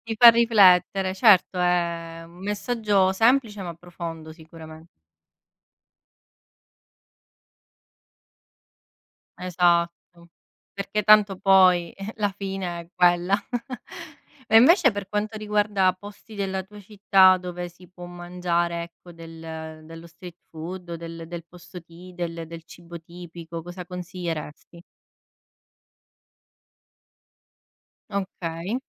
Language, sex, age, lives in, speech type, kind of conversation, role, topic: Italian, female, 30-34, Italy, conversation, unstructured, none, Qual è, secondo te, il posto migliore della tua città?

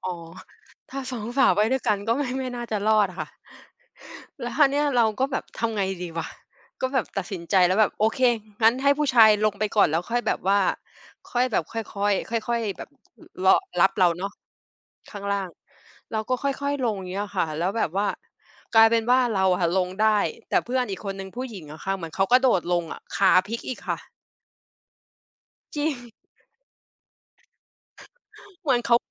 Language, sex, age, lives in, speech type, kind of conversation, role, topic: Thai, female, 30-34, Thailand, conversation, podcast, guest, มีเรื่องตลกหรือเรื่องพลาดระหว่างเดินทางที่ยังจำได้ไหม?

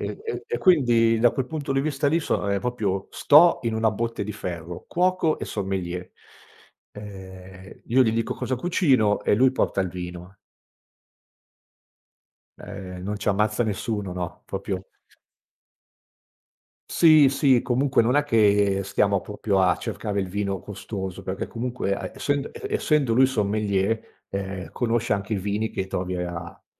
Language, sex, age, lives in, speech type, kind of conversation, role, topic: Italian, male, 50-54, Italy, conversation, podcast, guest, Come ti organizzi per preparare una cena accogliente con gli amici?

